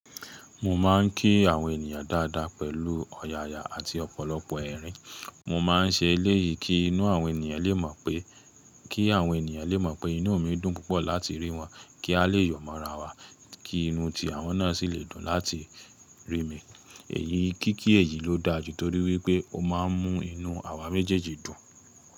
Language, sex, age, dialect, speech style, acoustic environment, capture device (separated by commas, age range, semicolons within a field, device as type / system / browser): Yoruba, male, 25-34, Nigeria - Ibadan, relaxed, silence; nature, mobile / Linux / Chrome